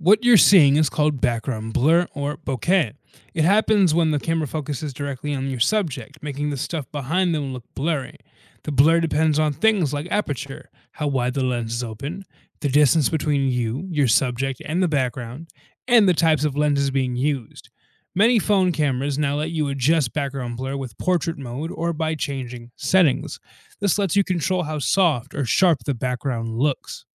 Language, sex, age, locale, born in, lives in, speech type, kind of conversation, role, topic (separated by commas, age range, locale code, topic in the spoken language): English, male, 20-24, en-US, United States, United States, read, expert-assistant, expert, How do cameras capture and process images?